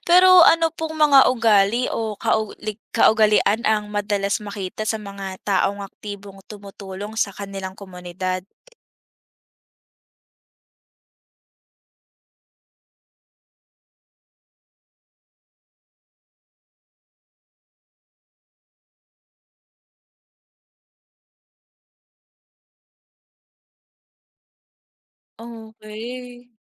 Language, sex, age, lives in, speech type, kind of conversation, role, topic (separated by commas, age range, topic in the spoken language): Filipino, female, 20-24, Philippines, read, expert-assistant, asker, Ano ang mga benepisyo ng pagtutulungan sa komunidad?